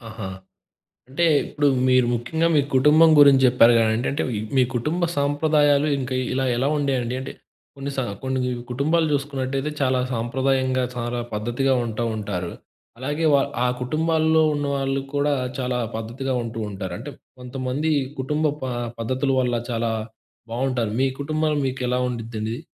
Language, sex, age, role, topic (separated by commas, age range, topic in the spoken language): Telugu, male, 20-24, host, సృష్టిలో మీకు ప్రేరణ సాధారణంగా ఎక్కడ నుంచి వస్తుంది?